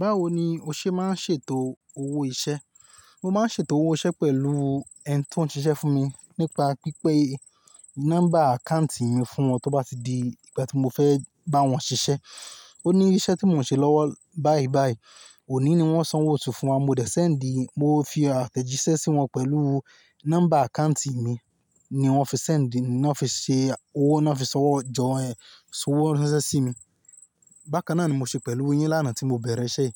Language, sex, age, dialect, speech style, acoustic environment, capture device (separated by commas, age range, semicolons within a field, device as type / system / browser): Yoruba, male, 25-34, Nigeria - Ibadan, happy; relaxed; excited, nature, mobile / Linux / Chrome